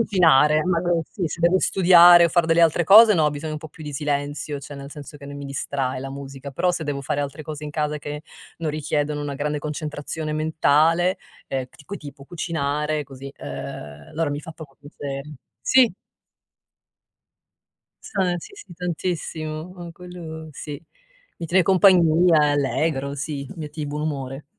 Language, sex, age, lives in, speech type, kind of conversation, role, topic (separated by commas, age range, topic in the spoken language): Italian, female, 50-54, United States, conversation, podcast, guest, Qual è la canzone che ti ha cambiato la vita?